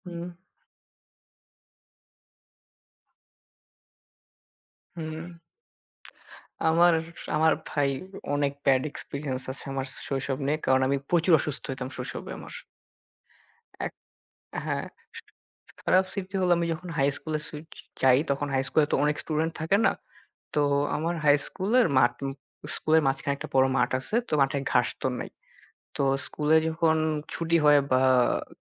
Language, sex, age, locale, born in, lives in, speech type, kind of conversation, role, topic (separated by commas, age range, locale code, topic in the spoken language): Bengali, male, 18-19, bn-IN, Bangladesh, Bangladesh, conversation, unstructured, none, তোমার শৈশবের সবচেয়ে কষ্টদায়ক স্মৃতি কী?